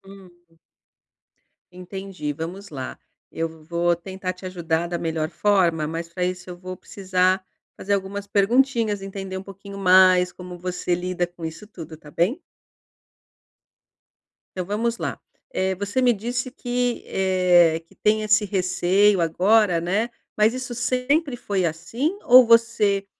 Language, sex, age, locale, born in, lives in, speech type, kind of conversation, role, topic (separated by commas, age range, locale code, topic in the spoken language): Portuguese, female, 50-54, pt-BR, Brazil, Portugal, conversation, advice, advisor, Como posso lidar com o medo de ficar de fora em círculos sociais?